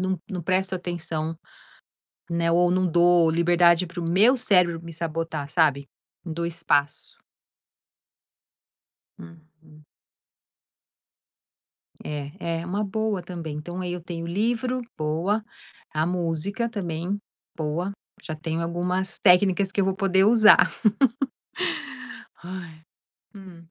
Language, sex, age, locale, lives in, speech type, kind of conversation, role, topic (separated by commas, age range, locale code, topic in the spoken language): Portuguese, female, 50-54, pt-BR, United States, conversation, advice, user, Como posso reduzir o estresse e a ansiedade ao viajar?